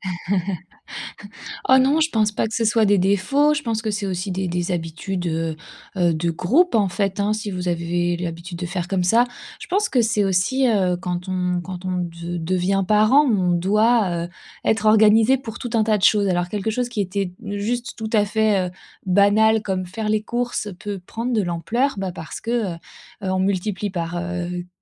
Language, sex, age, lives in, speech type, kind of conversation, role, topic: French, female, 30-34, France, conversation, advice, advisor, Pourquoi avez-vous du mal à réduire vos dépenses quotidiennes ?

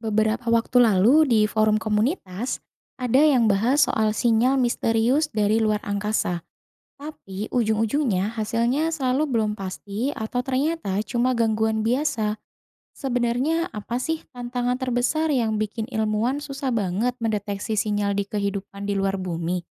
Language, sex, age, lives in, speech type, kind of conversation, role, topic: Indonesian, female, 30-34, Indonesia, read, expert-assistant, asker, Apa Tantangan Utama dalam Mendeteksi dan Mengomunikasikan Sinyal Kehidupan dari Luar Bumi?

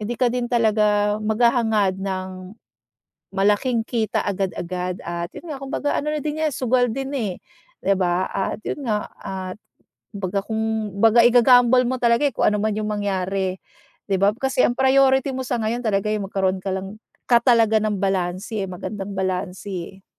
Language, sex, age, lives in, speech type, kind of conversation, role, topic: Filipino, female, 40-44, United States, conversation, advice, advisor, Dapat ba akong magpalit ng trabaho para magkaroon ng mas magandang balanse sa buhay?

